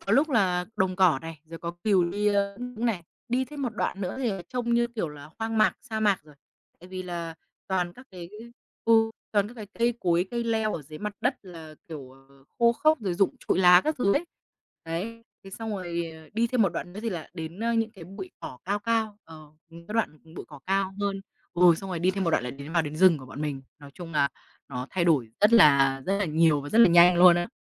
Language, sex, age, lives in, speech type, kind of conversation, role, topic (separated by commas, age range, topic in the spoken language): Vietnamese, female, 25-29, Vietnam, conversation, podcast, guest, Ký ức đáng nhớ nhất của bạn về thiên nhiên là gì?